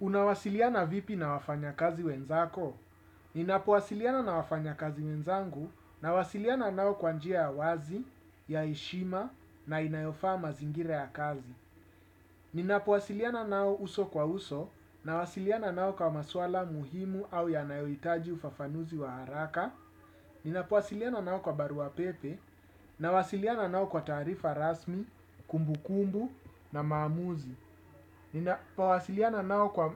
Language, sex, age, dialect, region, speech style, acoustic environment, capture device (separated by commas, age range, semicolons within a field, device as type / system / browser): Swahili, male, 35-44, Kenya - Nairobi Sheng-influenced, Kenya, focused, appliances, desktop / Windows / Chrome